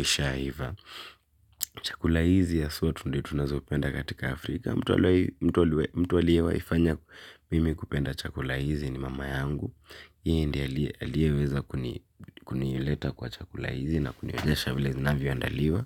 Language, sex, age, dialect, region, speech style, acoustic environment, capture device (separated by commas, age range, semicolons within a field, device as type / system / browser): Swahili, male, 18-24, Kenya - Nairobi Sheng-influenced, Kenya, focused; excited; happy; relaxed, silence, mobile / Linux / Chrome